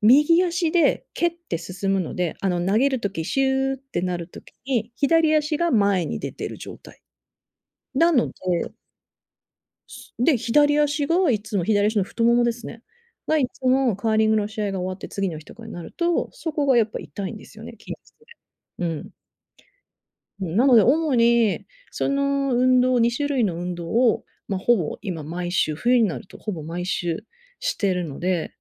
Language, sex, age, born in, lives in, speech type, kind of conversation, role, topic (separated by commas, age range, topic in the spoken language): Japanese, female, 40-44, Japan, United States, conversation, advice, user, 日常で簡単にできる筋肉のリラックス方法は何ですか？